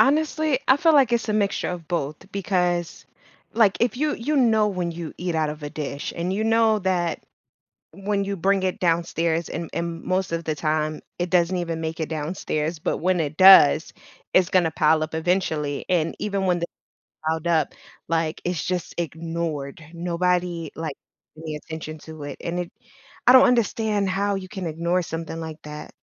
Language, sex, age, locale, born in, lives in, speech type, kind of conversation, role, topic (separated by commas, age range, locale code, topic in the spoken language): English, female, 35-39, en-US, United States, United States, conversation, advice, user, How can I stop dishes from piling up so I don't feel grossed out?